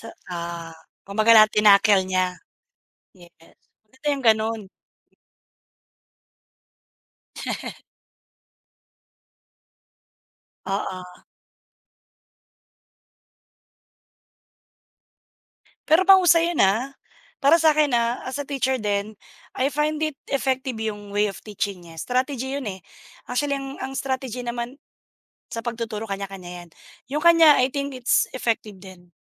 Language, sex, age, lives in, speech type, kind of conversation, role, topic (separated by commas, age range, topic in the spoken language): Filipino, female, 35-39, Philippines, conversation, unstructured, none, Ano ang paborito mong asignatura sa paaralan?